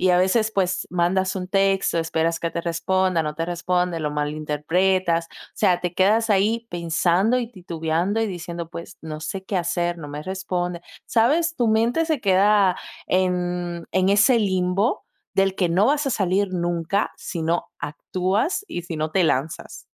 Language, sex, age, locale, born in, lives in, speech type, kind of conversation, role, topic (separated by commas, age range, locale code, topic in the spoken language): Spanish, female, 20-24, es-DO, Dominican Republic, Italy, conversation, advice, advisor, ¿Cómo puedo mostrar interés genuino sin presionar ni forzar la relación?